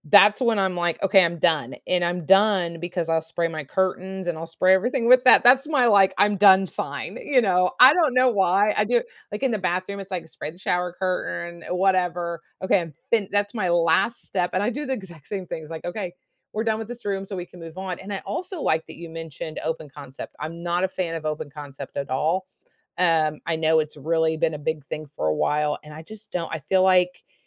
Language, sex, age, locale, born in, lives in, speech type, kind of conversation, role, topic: English, female, 50-54, en-US, United States, United States, conversation, podcast, host, What makes a home feel truly welcoming and peaceful?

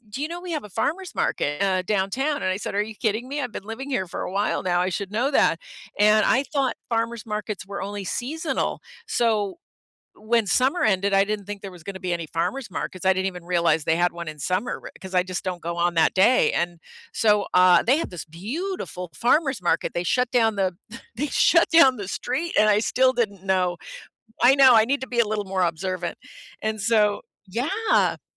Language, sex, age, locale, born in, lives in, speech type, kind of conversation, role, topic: English, female, 60-64, en-US, United States, United States, conversation, unstructured, none, What is something surprising you have learned about your community?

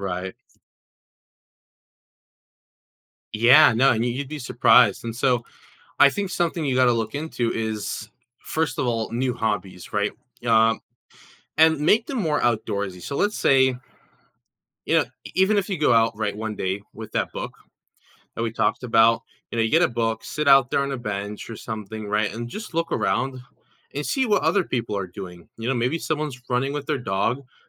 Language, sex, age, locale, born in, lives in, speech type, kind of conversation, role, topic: English, male, 20-24, en-US, United States, United States, conversation, advice, advisor, How can I make my workday and evenings feel less repetitive?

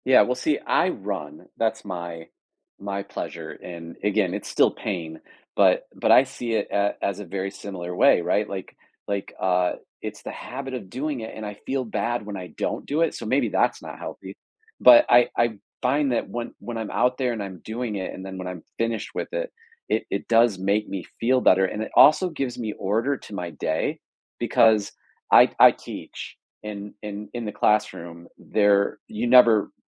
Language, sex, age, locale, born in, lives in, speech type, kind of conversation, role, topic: English, male, 45-49, en-US, United States, United States, conversation, podcast, host, In what ways can regular physical activity support our mental and emotional well-being?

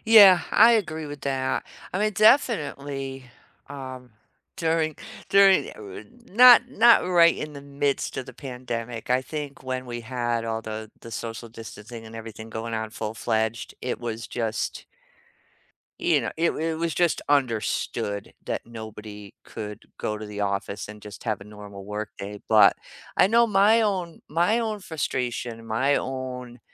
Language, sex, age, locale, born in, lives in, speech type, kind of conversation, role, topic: English, female, 55-59, en-US, United States, United States, conversation, unstructured, none, What do you think about the rise of remote work in recent years?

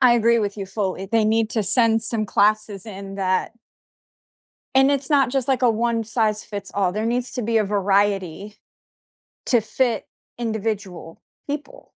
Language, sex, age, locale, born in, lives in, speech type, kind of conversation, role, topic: English, female, 30-34, en-US, United States, United States, conversation, unstructured, none, What class do you wish schools offered?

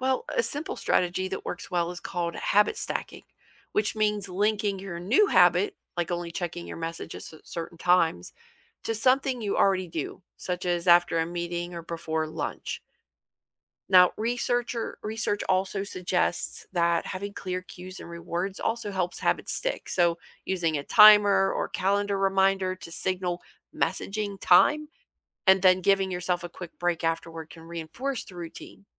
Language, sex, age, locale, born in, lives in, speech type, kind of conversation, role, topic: English, female, 45-49, en-US, United States, United States, read, expert-assistant, expert, How can daily planning minimize distractions and increase focus?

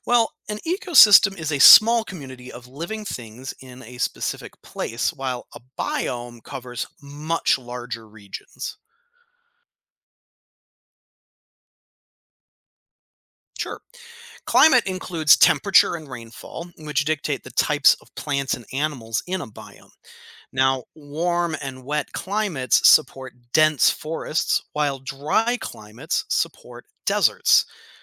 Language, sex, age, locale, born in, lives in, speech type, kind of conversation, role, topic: English, male, 35-39, en-US, United States, United States, read, expert-assistant, expert, What is a biome?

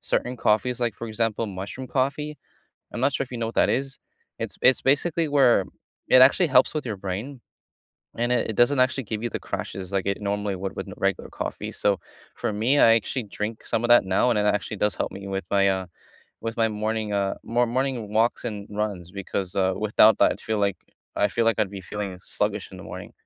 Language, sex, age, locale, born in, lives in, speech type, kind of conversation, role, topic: English, male, 20-24, en-US, United States, United States, conversation, unstructured, none, Which small daily habit has noticeably improved your life, and what's the story behind it?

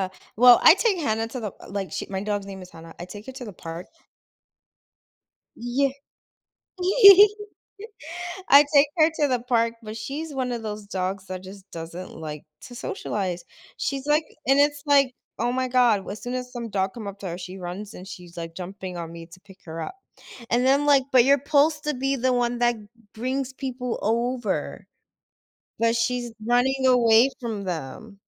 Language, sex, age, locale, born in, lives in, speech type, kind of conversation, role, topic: English, female, 30-34, en-US, United States, United States, conversation, advice, user, How can I meet and build lasting friendships as an adult?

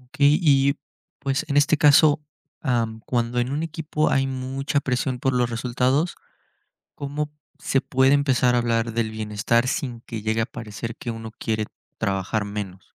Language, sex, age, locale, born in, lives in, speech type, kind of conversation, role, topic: Spanish, male, 20-24, es-MX, Mexico, Mexico, read, expert-assistant, asker, ¿Cómo equilibrar la productividad y el bienestar personal en el trabajo?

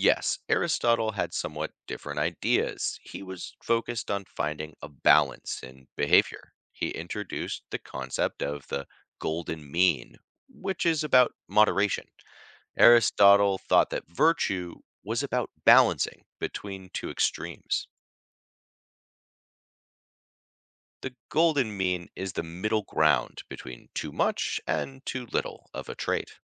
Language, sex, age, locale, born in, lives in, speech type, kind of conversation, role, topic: English, male, 35-39, en-US, United States, United States, read, expert-assistant, expert, How did ancient thinkers figure out what’s right or wrong?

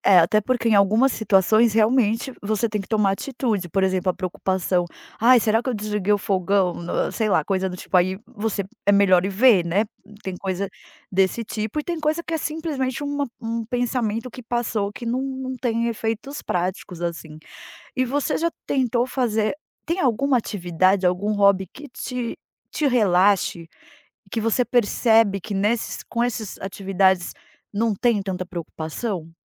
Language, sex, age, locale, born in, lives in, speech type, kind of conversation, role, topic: Portuguese, female, 40-44, pt-BR, Brazil, United States, conversation, advice, advisor, Como você descreveria a preocupação constante que impede sua concentração?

